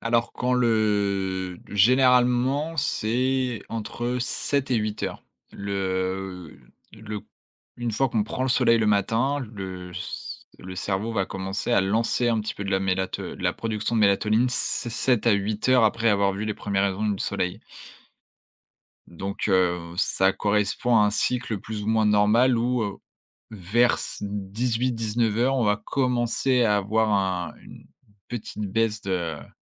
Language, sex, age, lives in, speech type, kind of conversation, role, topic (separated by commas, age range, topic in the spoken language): French, male, 20-24, France, conversation, podcast, guest, Quels sont tes trucs pour mieux dormir ?